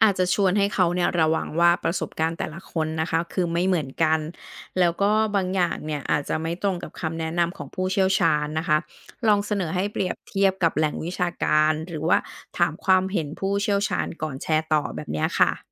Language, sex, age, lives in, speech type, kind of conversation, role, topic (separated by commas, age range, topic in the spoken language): Thai, female, 40-44, Thailand, read, expert-assistant, expert, ข่าวสารเกี่ยวกับสุขภาพจิตในสื่อออนไลน์มีความน่าเชื่อถือแค่ไหน เราควรพิจารณาอะไรในการแยกแยะข้อมูลจริงกับข้อมูลปลอม?